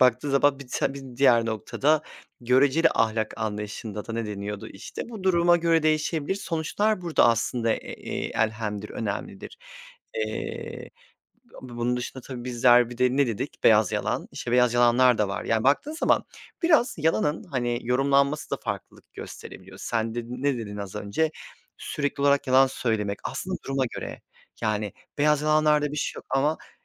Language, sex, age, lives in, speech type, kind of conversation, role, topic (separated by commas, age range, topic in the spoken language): Turkish, male, 30-34, Poland, conversation, unstructured, none, Yalan söylemek her zaman yanlış mıdır?